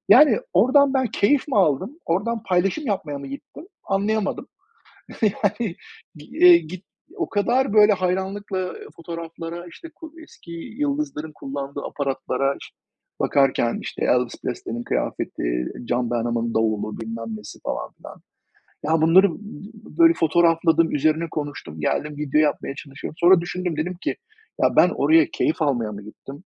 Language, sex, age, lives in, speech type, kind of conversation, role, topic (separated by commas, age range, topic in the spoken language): Turkish, male, 45-49, United States, conversation, unstructured, none, Teknolojinin hızla gelişmesi sana korkutucu geliyor mu?